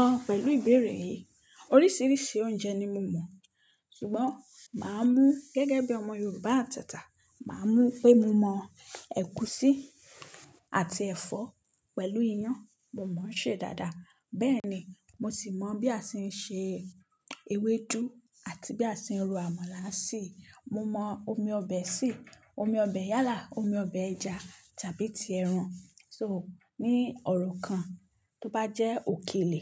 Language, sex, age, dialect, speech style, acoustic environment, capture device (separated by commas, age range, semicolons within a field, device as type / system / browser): Yoruba, female, 25-34, Nigeria - Nigerian Standard, happy, silence, mobile / Linux / Chrome